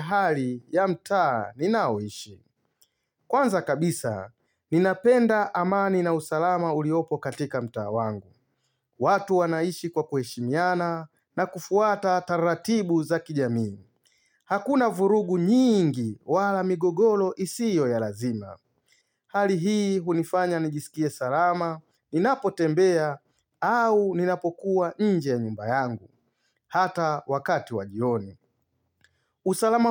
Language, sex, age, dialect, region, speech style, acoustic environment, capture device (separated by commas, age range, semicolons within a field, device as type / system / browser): Swahili, male, 35-44, Tanzania - Dar es Salaam, Tanzania, happy; excited; focused; relaxed, silence, mobile / Linux / Chrome